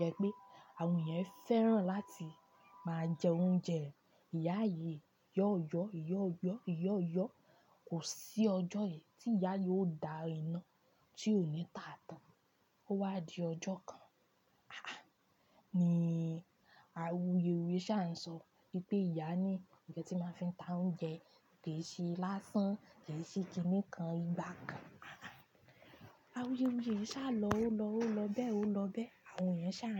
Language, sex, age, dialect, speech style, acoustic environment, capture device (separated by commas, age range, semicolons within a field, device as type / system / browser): Yoruba, female, 25-34, Nigeria - Ibadan, happy, music, desktop / Linux / Chrome